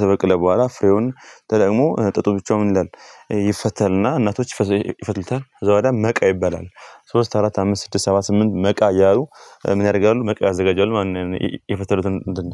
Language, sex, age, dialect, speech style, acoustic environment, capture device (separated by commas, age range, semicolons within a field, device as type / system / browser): Amharic, male, 18-24, Ethiopia - Gondar, happy; anxious; nervous, people talking; music; nature, mobile / Linux / Chrome